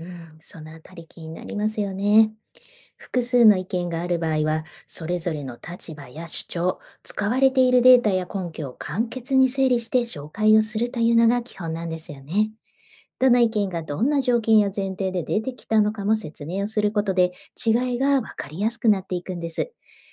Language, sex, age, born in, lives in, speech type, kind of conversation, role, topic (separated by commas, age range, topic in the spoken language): Japanese, female, 45-49, Japan, Japan, read, expert-assistant, expert, 社会人類学の資料や事例の信頼性はどのように見分ければよいですか？